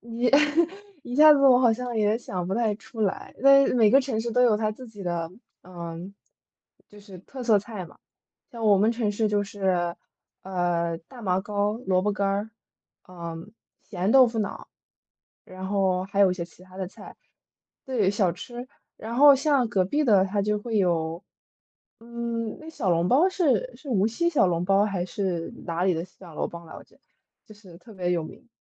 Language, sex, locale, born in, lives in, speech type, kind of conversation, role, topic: Chinese, female, zh-CN, United States, United States, conversation, unstructured, none, 你最喜欢的家常菜是什么？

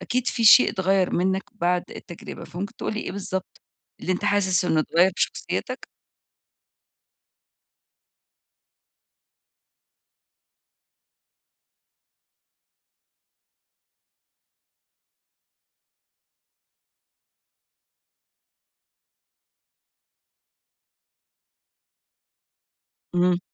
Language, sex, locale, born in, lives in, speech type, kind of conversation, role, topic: Arabic, female, ar-EG, Egypt, Egypt, conversation, advice, advisor, إزاي أتصالح مع ماضيّي وأبني قيم جديدة في حياتي؟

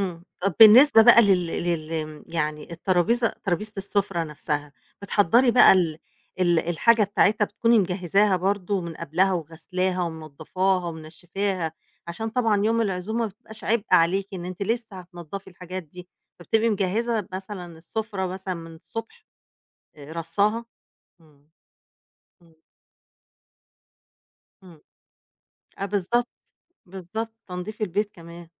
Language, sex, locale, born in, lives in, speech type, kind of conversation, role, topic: Arabic, female, ar-EG, Egypt, Egypt, conversation, podcast, host, إيه النصايح اللي أقولها لحد أول مرة هيستضيف عزومة كبيرة؟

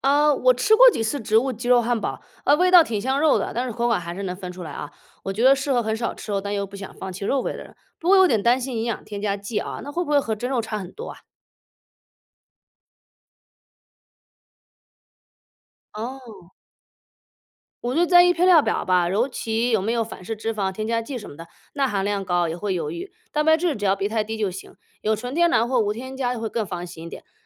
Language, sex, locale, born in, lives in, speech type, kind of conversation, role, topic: Chinese, female, zh-CN, China, United States, read, expert-assistant, asker, 除了传统耕种，你能想象还有哪些不一样的粮食生产方式吗？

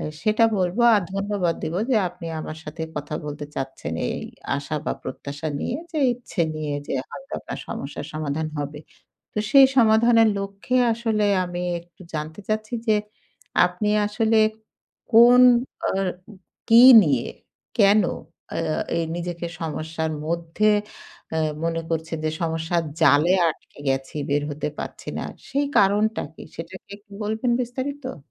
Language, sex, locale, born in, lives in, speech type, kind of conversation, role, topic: Bengali, female, bn-BD, Bangladesh, Bangladesh, conversation, advice, advisor, শেয়ার করা জায়গায় দৃশ্যমান ও অদৃশ্য বিঘ্ন থাকলেও আমি কীভাবে মনোযোগ ধরে রাখতে পারি?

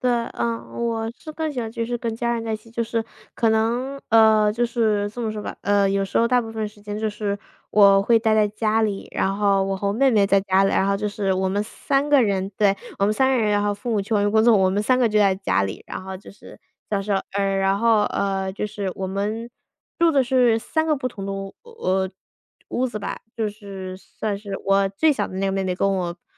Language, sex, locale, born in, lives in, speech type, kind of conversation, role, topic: Chinese, female, zh-CN, United States, United States, conversation, unstructured, none, 你平时是怎么放松自己的？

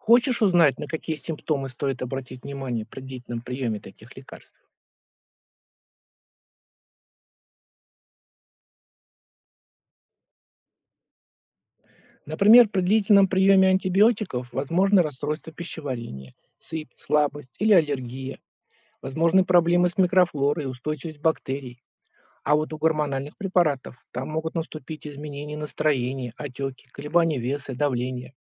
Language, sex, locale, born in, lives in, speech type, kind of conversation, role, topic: Russian, male, ru-RU, Russia, United States, read, expert-assistant, expert, Почему пациенты иногда получают противоречивые инструкции от сотрудников одной и той же клиники?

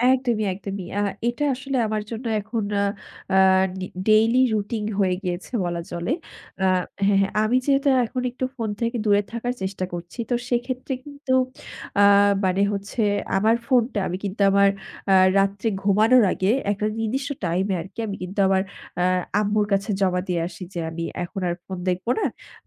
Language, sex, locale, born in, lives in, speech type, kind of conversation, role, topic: Bengali, female, bn-BD, Bangladesh, Bangladesh, conversation, podcast, guest, আপনি সকালে ফোন বা সামাজিক মাধ্যম কতটা ব্যবহার করেন?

